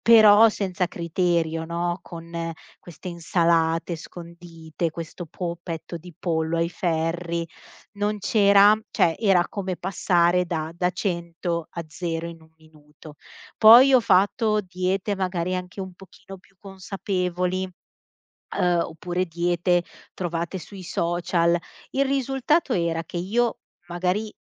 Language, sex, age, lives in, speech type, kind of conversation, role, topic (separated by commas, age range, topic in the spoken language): Italian, female, 35-39, Italy, conversation, advice, user, Come posso cambiare le mie abitudini senza sentirmi sopraffatto dalle diete?